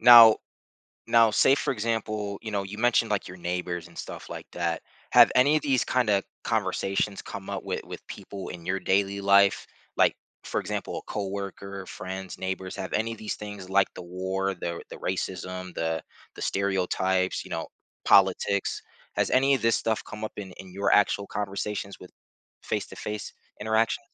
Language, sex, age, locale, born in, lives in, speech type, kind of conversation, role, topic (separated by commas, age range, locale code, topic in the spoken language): English, male, 30-34, en-US, United States, United States, conversation, advice, advisor, How can I handle uncertainty about my future?